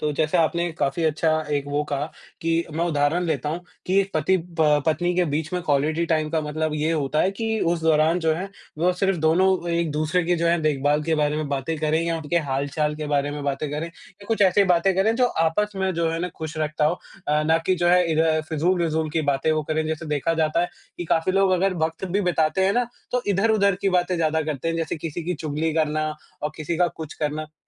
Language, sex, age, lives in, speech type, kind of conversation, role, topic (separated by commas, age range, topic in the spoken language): Hindi, male, 20-24, India, conversation, unstructured, none, क्या आप मानते हैं कि आजकल लोग अपने परिवार के साथ कम समय बिताते हैं?